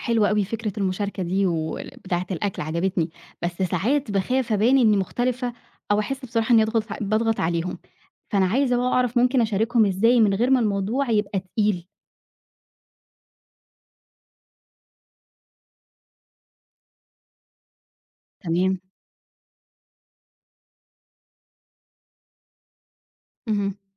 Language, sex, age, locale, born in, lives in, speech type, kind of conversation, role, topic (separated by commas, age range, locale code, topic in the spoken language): Arabic, female, 30-34, ar-EG, Egypt, Egypt, read, expert-assistant, asker, إزاي أقدر أتعلم أفهم الناس اللي جايين من ثقافات غيري وأتعامل معاهم بشكل أسهل في الشغل أو في حياتي؟